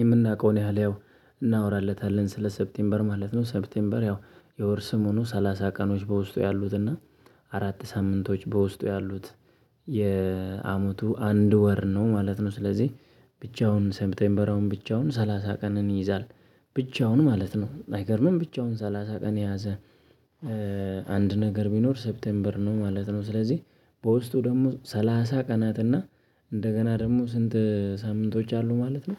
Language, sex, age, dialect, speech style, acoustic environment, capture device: Amharic, male, 25-34, Ethiopia - Addis Ababa, happy, people talking; nature, mobile / Linux / Chrome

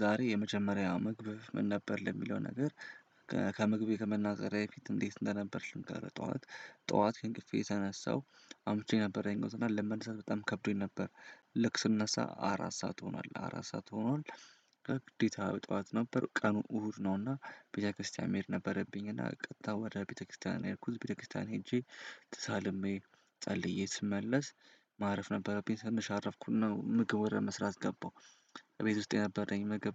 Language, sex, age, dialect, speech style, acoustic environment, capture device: Amharic, male, 18-24, Ethiopia - Addis Ababa, happy; excited, silence, desktop / Windows / Chrome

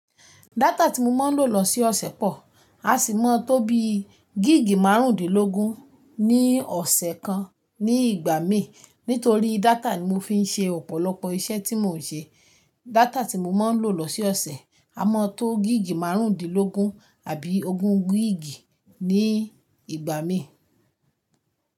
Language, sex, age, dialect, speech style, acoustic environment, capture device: Yoruba, female, 25-34, Nigeria - Ibadan, focused, silence, mobile / Linux / Chrome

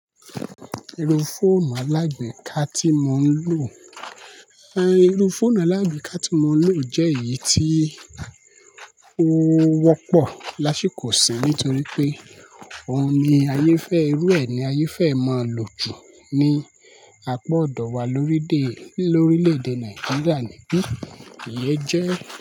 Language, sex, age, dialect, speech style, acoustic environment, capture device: Yoruba, male, 25-34, Nigeria - Lagos Yoruba, happy, people talking; appliances, mobile / Linux / Chrome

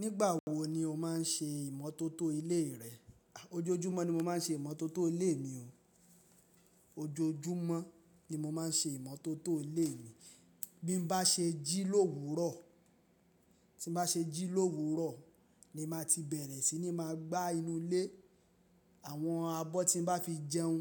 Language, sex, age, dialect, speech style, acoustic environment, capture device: Yoruba, male, 25-34, Nigeria - Lagos Yoruba, happy, silence, mobile / Linux / Chrome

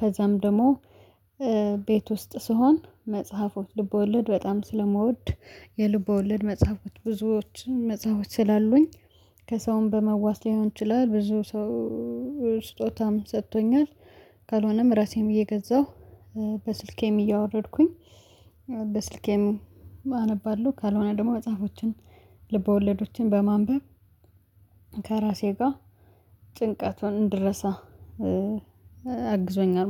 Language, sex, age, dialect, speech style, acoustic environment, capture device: Amharic, female, 25-34, Ethiopia - Addis Ababa, happy, nature, mobile / Linux / Chrome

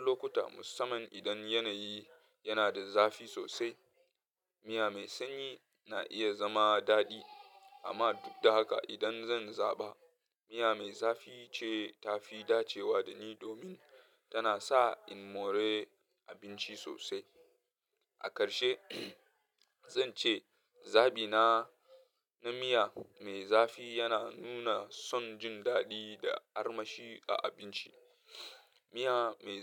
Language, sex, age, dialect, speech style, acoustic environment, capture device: Hausa, male, 25-34, Nigeria - Katsina, happy; nervous; anxious, people talking; traffic; music; appliances, mobile / Linux / Chrome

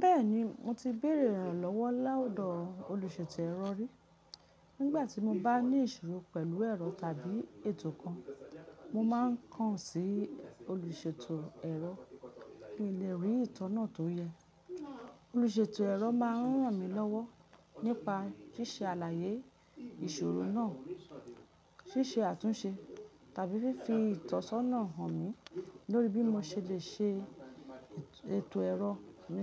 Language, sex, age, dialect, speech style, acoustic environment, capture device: Yoruba, female, 35-44, Nigeria - Lagos Yoruba, relaxed, nature, mobile / Linux / Chrome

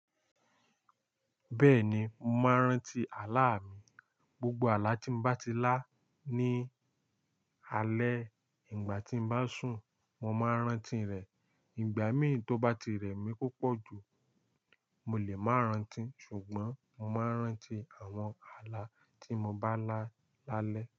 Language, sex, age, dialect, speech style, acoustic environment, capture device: Yoruba, male, 18-24, Nigeria - Lagos Yoruba, excited, silence, mobile / Linux / Chrome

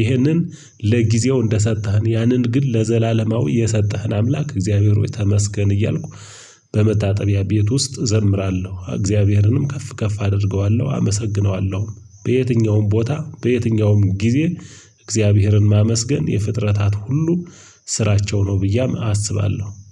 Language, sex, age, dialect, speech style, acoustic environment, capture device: Amharic, male, 25-34, Ethiopia - Gojjam, tired, silence, mobile / Linux / Chrome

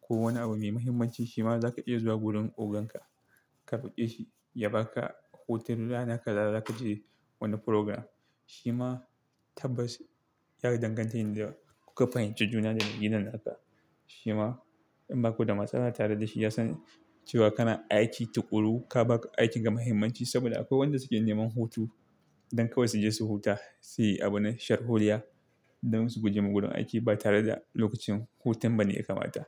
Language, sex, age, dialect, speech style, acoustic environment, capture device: Hausa, male, 25-34, Nigeria - Kano, happy, silence, desktop / Linux / Chrome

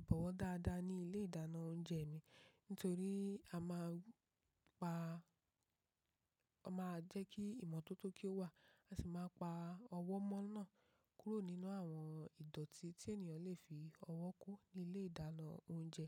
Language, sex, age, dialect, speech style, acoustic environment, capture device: Yoruba, female, 25-34, Nigeria - Ibadan, relaxed; focused, nature, mobile / macOS / Safari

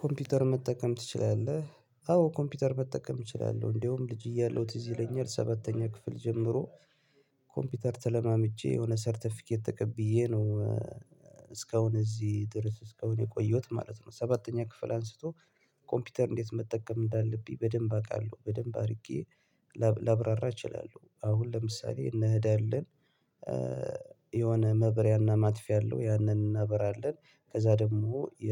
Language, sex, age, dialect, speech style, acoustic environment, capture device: Amharic, male, 25-34, Ethiopia - Gondar, happy, silence, mobile / Linux / Chrome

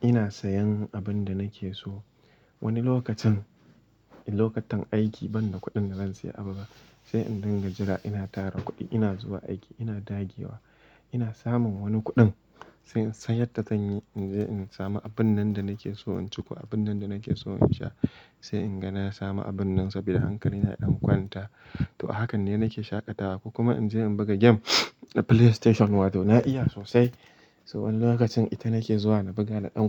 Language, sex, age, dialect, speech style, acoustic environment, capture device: Hausa, male, 18-24, Nigeria - Kano, happy, silence, mobile / Linux / Chrome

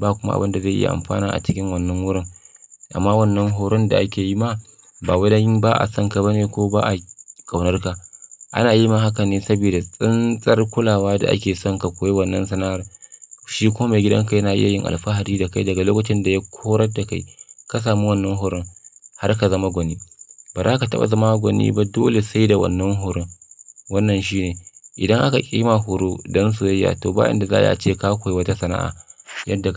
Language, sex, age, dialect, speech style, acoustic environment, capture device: Hausa, male, 25-34, Nigeria - Kano, happy, silence, mobile / Linux / Chrome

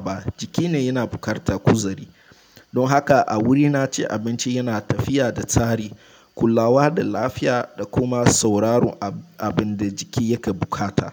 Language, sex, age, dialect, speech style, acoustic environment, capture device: Hausa, male, 18-24, Nigeria - Nigerian Standard, relaxed; focused, silence, mobile / Linux / Chrome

